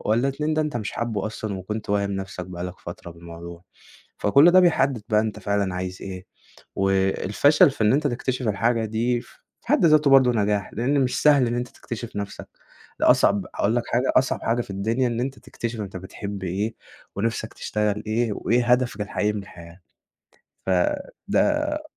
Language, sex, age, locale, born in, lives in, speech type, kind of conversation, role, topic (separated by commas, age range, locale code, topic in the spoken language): Arabic, male, 20-24, ar-EG, Egypt, Egypt, conversation, podcast, guest, إيه أهم درس اتعلّمته من الفشل؟